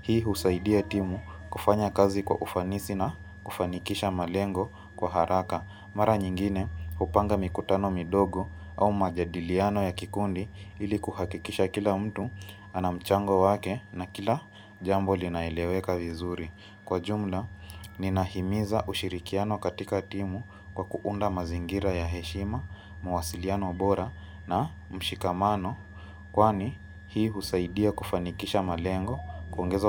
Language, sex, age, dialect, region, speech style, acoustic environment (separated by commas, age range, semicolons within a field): Swahili, female, 25-34, Kenya - Mombasa, Kenya, relaxed, appliances